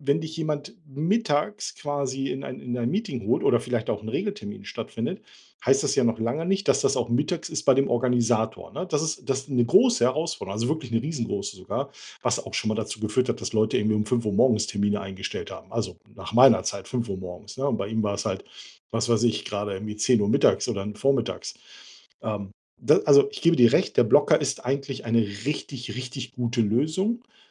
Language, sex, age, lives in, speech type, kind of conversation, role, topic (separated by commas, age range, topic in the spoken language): German, male, 45-49, Germany, conversation, advice, user, Wie finde ich die richtige Balance zwischen konzentrierter Arbeit und regelmäßigen Pausen im Alltag?